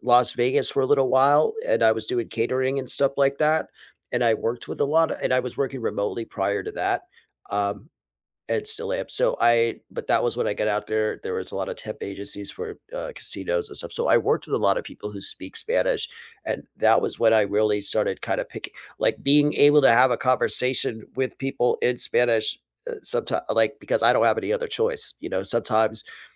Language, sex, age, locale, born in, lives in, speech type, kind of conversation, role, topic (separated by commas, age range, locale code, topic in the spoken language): English, male, 40-44, en-US, United States, United States, conversation, unstructured, none, What new skill are you focusing on this year, and how is the journey going so far?